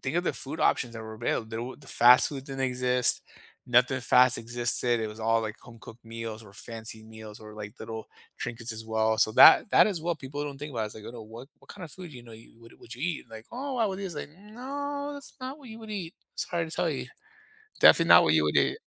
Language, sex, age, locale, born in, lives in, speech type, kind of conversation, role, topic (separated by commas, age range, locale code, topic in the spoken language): English, male, 30-34, en-US, United States, United States, conversation, unstructured, none, What was life like 100 years ago?